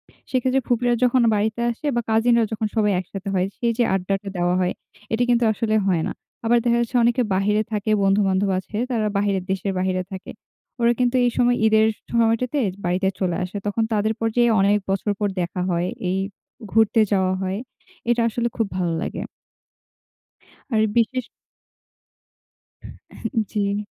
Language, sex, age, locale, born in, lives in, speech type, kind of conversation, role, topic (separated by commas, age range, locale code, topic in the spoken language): Bengali, female, 18-19, bn-BD, Bangladesh, Bangladesh, conversation, unstructured, none, আপনার মতে ধর্মীয় উৎসবগুলো কেন এত আনন্দদায়ক?